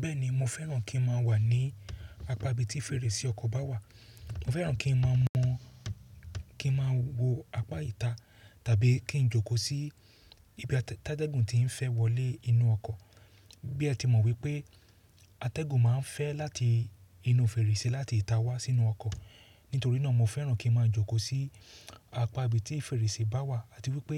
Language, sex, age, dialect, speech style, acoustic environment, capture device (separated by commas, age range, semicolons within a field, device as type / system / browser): Yoruba, male, 35-44, Nigeria - Lagos Yoruba, relaxed; excited, silence, mobile / Linux / Chrome